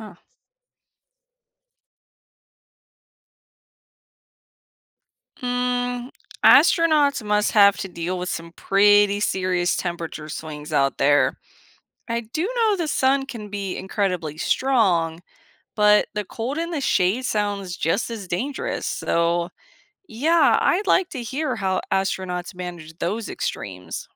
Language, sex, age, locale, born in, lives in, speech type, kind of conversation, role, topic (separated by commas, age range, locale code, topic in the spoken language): English, female, 35-39, en-US, United States, United States, read, expert-assistant, asker, What makes the sky appear blue during the day?